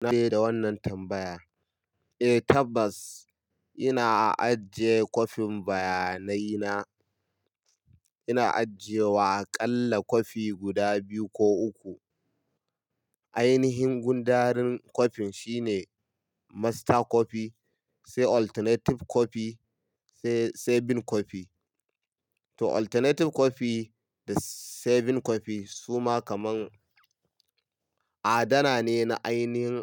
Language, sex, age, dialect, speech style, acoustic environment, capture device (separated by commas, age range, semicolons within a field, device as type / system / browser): Hausa, male, 25-34, Nigeria - Kano, happy; relaxed; excited; focused, silence; nature, mobile / Linux / Chrome